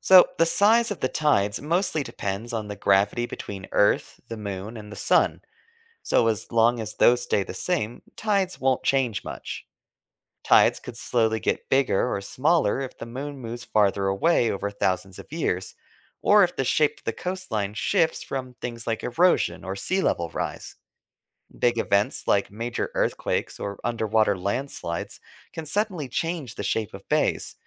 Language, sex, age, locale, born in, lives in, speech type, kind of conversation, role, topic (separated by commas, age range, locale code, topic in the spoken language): English, male, 25-29, en-US, United States, United States, read, expert-assistant, expert, What causes ocean tides?